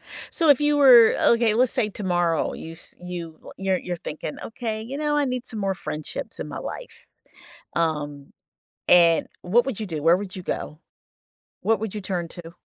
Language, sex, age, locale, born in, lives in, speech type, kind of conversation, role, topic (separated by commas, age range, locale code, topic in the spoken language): English, female, 55-59, en-US, United States, United States, conversation, podcast, host, Why can forming new friendships feel difficult for many people today?